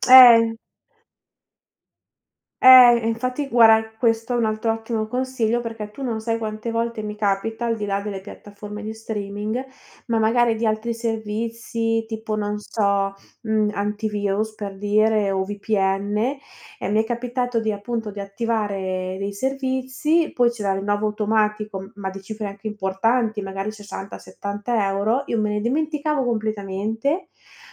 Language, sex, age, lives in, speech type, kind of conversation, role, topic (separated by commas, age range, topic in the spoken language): Italian, female, 30-34, Italy, conversation, advice, user, Come posso evitare di dimenticare gli abbonamenti mensili e impedire che prosciughino il mio budget?